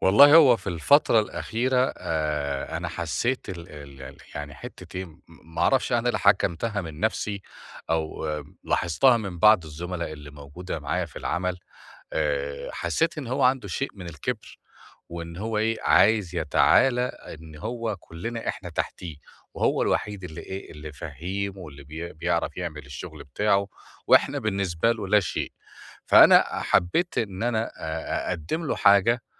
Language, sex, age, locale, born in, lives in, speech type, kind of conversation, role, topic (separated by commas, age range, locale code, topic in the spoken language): Arabic, male, 45-49, ar-EG, Egypt, Portugal, conversation, advice, user, أتعامل إزاي مع النقد السلبي أو التعليقات اللي بتتقال بنيّة وحشة: أرد ولا أتجاهل؟